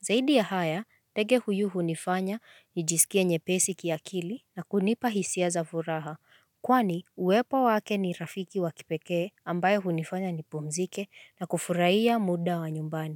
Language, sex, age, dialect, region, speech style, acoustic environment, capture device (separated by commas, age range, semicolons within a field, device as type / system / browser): Swahili, female, 25-34, Kenya - Nairobi Sheng-influenced, Kenya, focused, silence, mobile / Linux / Chrome